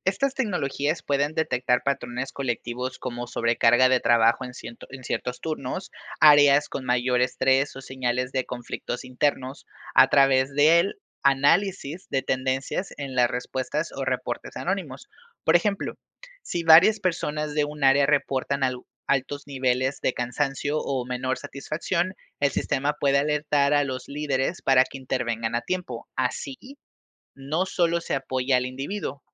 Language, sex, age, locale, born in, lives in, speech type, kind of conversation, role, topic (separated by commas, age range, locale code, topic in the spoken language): Spanish, male, 25-29, es-MX, Mexico, Mexico, read, expert-assistant, expert, ¿Qué innovaciones podríamos implementar para hacer que el trabajo de las enfermeras sea menos estresante y más eficiente?